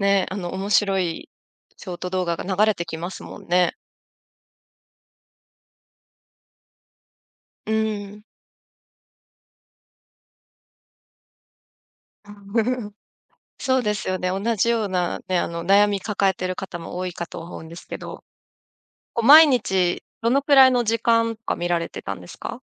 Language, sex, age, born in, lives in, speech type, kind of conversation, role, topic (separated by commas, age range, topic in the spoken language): Japanese, female, 35-39, Japan, Japan, conversation, podcast, host, SNSとの付き合い方で気をつけていることは？